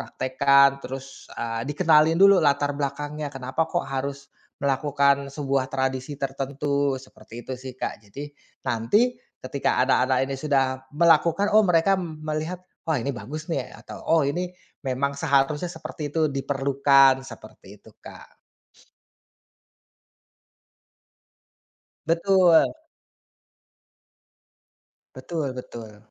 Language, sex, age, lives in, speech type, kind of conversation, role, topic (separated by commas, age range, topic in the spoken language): Indonesian, male, 30-34, Indonesia, conversation, podcast, guest, Bagaimana cara mengenalkan tradisi kepada anak-anak zaman sekarang?